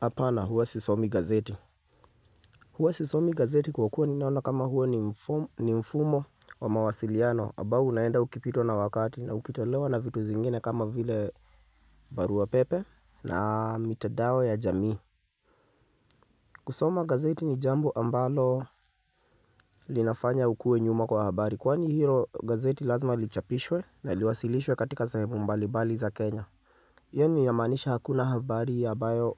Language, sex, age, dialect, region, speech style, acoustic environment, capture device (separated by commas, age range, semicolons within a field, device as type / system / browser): Swahili, male, 25-34, Kenya - Nairobi Sheng-influenced, Kenya, anxious, silence, mobile / Linux / Chrome